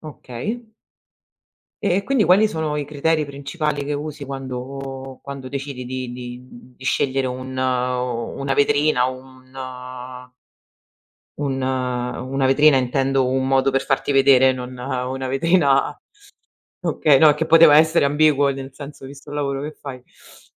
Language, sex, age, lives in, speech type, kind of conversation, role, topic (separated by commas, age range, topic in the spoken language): Italian, female, 35-39, Italy, conversation, podcast, host, Come scegli dove pubblicare o esporre il tuo lavoro?